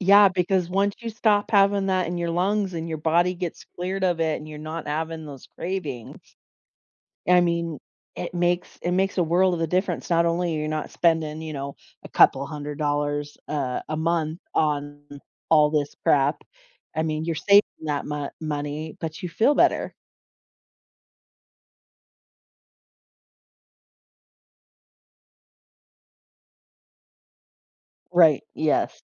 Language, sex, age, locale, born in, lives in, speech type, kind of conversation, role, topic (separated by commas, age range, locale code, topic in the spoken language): English, female, 40-44, en-US, United States, United States, conversation, unstructured, none, Why do some habits feel so difficult to change, even when we want to?